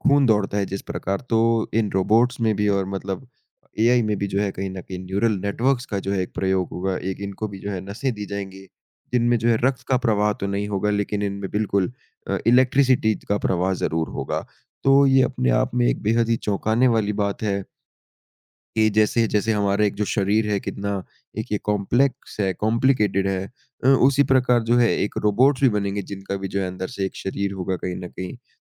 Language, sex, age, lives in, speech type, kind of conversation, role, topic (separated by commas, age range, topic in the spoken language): Hindi, male, 20-24, India, conversation, unstructured, none, किस तकनीकी आविष्कार ने आपको सबसे ज़्यादा चौंकाया है?